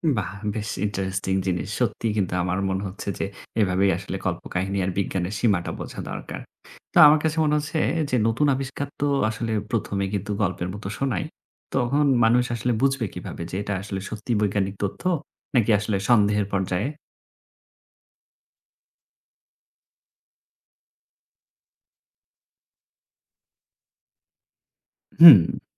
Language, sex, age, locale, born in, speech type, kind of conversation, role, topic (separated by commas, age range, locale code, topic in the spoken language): Bengali, male, 30-34, bn-BD, Bangladesh, read, expert-assistant, asker, মহাবিশ্বের রহস্য জানতে আমরা কীভাবে জানব কোন তথ্য আসলেই ঠিক বা নির্ভরযোগ্য?